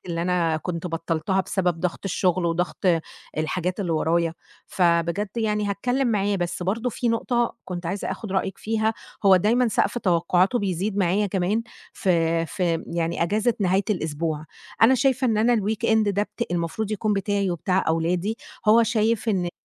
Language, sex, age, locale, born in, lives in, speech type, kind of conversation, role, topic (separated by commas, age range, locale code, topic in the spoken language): Arabic, female, 30-34, ar-EG, Egypt, Egypt, conversation, advice, user, إزاي أقدر أحط حدود للشغل في وقت راحتي؟